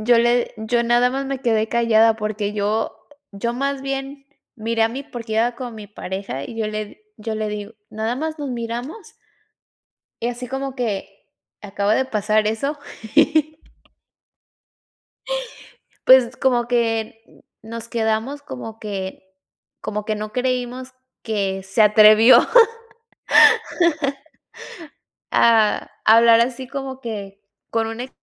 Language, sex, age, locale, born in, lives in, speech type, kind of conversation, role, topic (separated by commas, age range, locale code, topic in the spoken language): Spanish, female, 30-34, es-MX, Mexico, United States, conversation, podcast, guest, ¿Cómo manejas la presión social al tomar decisiones personales?